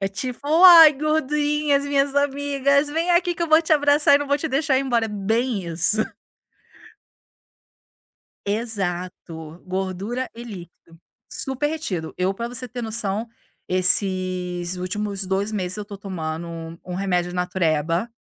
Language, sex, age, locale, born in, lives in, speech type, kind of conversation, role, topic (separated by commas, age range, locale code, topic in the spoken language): Portuguese, female, 40-44, pt-BR, Brazil, Italy, conversation, advice, user, Como você está lidando com a frustração de estagnar nos ganhos ou na perda de peso?